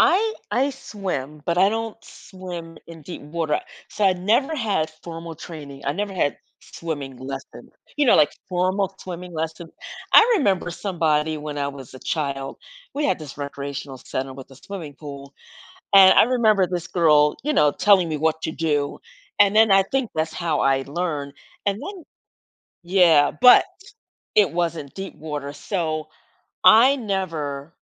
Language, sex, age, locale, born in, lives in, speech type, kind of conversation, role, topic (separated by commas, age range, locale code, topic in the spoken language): English, female, 55-59, en-US, United States, United States, conversation, podcast, guest, How has facing a fear changed your perspective on challenges?